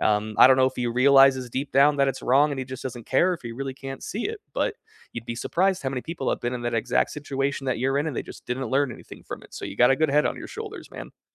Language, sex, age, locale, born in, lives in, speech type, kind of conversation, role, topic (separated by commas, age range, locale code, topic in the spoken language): English, male, 30-34, en-US, United States, United States, conversation, unstructured, none, What are you working toward this year, and how can others support you along the way?